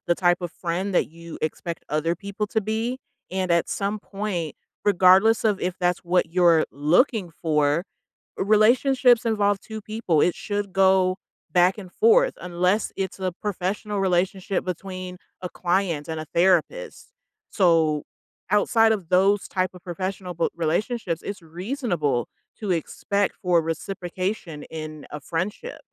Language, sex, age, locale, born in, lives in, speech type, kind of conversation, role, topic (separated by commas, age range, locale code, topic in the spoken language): English, female, 30-34, en-US, United States, United States, conversation, advice, advisor, How can I stop a friend from only contacting me for favors?